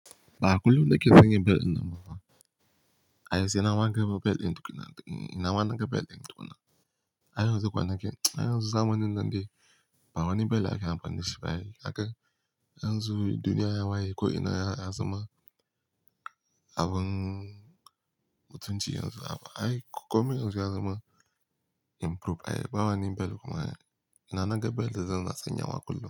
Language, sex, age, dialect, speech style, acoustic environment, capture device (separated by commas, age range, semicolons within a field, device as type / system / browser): Hausa, male, 18-24, Nigeria - Kano, happy; relaxed; focused, silence; nature; appliances, mobile / Linux / Chrome